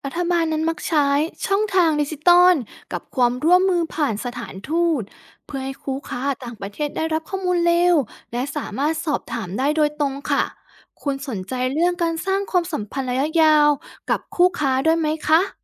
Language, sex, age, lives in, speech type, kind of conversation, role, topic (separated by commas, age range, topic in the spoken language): Thai, female, 30-34, Thailand, read, expert-assistant, expert, เวลาประเทศไทยทำข้อตกลงการค้าใหม่ ควรให้ความสำคัญกับเรื่องไหนมากที่สุด?